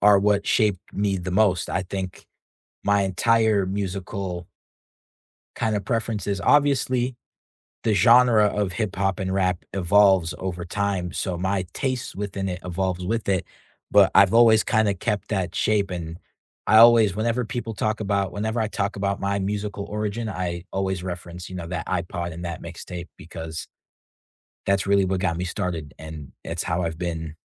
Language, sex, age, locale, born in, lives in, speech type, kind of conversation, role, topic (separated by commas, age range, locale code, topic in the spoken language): English, male, 18-19, en-US, United States, United States, conversation, unstructured, none, Who in your life most shaped your taste in music, and which memories stand out?